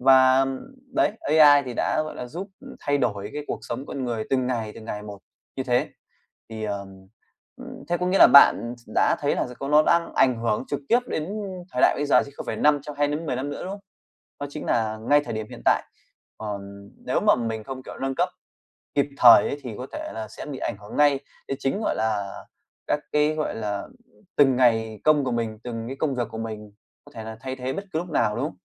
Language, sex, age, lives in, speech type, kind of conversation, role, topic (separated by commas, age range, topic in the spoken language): Vietnamese, male, 25-29, Vietnam, conversation, podcast, host, Theo anh/chị, công nghệ sẽ làm mất hay tạo ra nhiều công việc hơn?